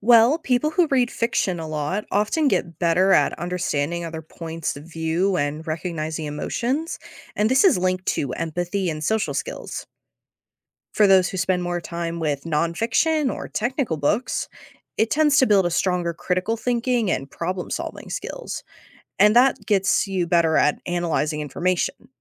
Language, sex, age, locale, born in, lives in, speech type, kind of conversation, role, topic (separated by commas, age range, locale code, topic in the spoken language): English, female, 18-19, en-US, United States, United States, read, expert-assistant, expert, What are the benefits of reading every day?